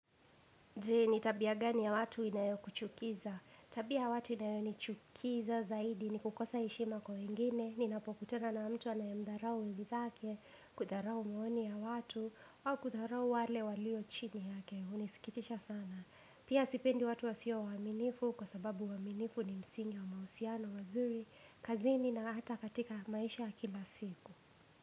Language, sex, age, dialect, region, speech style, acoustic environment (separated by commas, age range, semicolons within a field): Swahili, female, 18-24, Kenya - Nairobi Sheng-influenced, Kenya, relaxed, silence